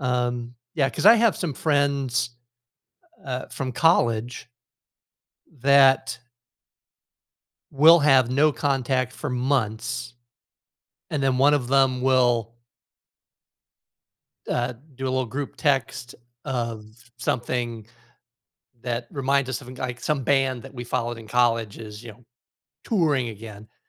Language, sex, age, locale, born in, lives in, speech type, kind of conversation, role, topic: English, male, 55-59, en-US, United States, United States, conversation, advice, advisor, How can I overcome my fear of reaching out to find companionship?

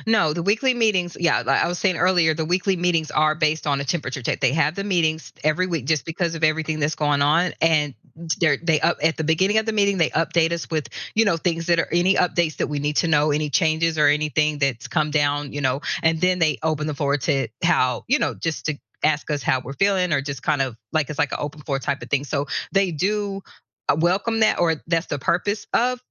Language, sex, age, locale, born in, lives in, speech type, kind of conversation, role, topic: English, female, 45-49, en-US, United States, United States, conversation, advice, user, How do I recover gracefully after saying something awkward in a meeting?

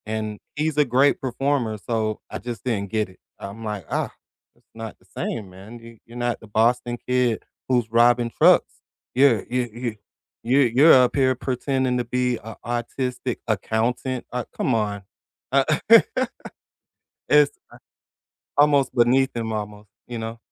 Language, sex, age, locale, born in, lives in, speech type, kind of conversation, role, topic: English, male, 40-44, en-US, United States, United States, conversation, unstructured, none, How do you judge a movie when a beloved actor feels miscast—by the casting, the performance, or the film overall?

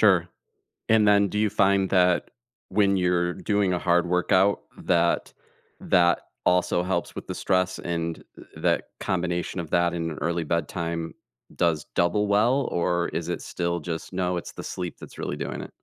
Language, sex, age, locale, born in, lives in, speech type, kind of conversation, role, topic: English, male, 45-49, en-US, United States, United States, conversation, podcast, host, What everyday routines make it easier to handle stress in your life?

